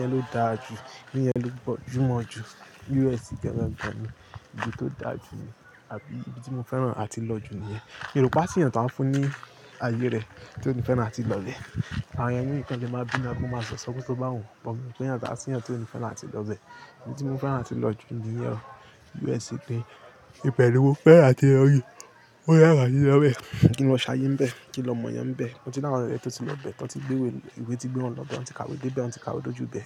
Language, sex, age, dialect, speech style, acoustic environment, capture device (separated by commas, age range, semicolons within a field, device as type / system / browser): Yoruba, male, 25-34, Nigeria - Lagos Yoruba, happy, people talking; music, mobile / Linux / Chrome